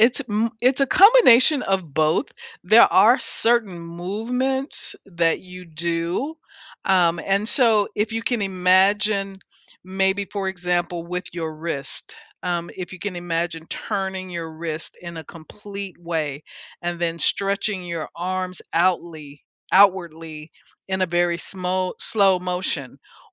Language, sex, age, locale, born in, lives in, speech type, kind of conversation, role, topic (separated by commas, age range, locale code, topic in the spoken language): English, female, 65-69, en-US, United States, United States, conversation, unstructured, none, Which daily routines shape your day and help you feel connected to yourself and others?